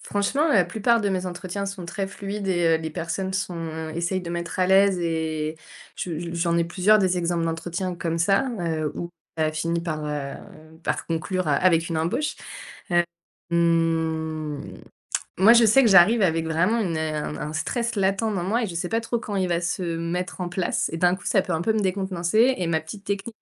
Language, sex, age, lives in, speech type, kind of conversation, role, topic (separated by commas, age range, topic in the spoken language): French, female, 30-34, France, conversation, podcast, guest, Quels conseils donnerais-tu pour réussir un entretien d’embauche ?